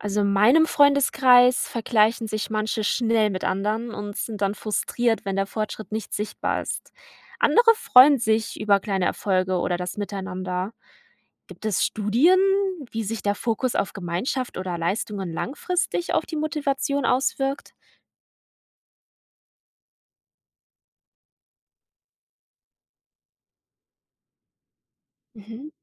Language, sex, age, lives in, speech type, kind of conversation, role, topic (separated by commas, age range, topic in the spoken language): German, female, 20-24, Germany, read, expert-assistant, asker, Welche Vor- und Nachteile hat es, im Erwachsenenalter mit einer neuen Sportart zu beginnen?